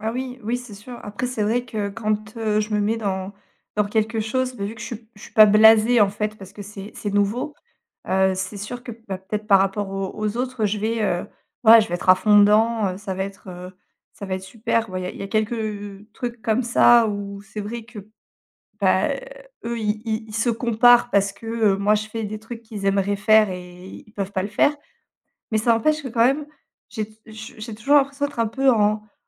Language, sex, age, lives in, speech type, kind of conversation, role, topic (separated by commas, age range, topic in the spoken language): French, female, 35-39, France, conversation, advice, user, Comment puis-je arrêter de me comparer constamment aux autres, dans ma vie professionnelle comme personnelle ?